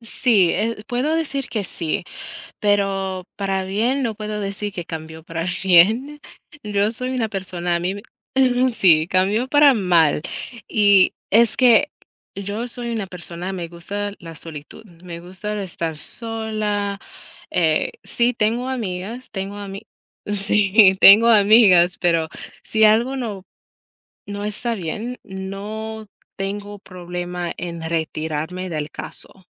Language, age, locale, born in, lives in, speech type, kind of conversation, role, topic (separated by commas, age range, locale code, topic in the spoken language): Spanish, 35-39, es-US, United States, United States, conversation, unstructured, none, ¿Vale la pena mantener una amistad que solo genera conflictos?